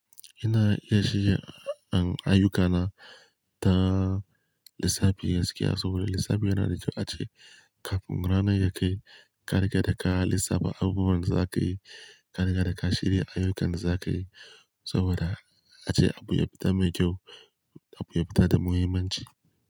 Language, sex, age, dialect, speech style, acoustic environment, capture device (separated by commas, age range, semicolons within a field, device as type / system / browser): Hausa, male, 18-24, Nigeria - Kano, happy; relaxed; focused; excited, appliances; nature; silence, mobile / Linux / Chrome